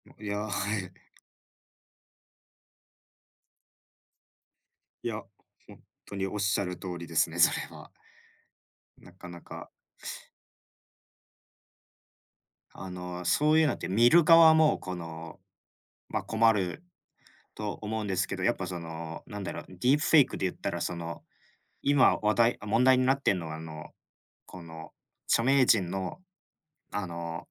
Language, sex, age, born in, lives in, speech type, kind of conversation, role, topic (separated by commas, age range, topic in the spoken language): Japanese, male, 25-29, Japan, Japan, conversation, unstructured, none, テクノロジーは私たちの生活をどのように変えたと思いますか？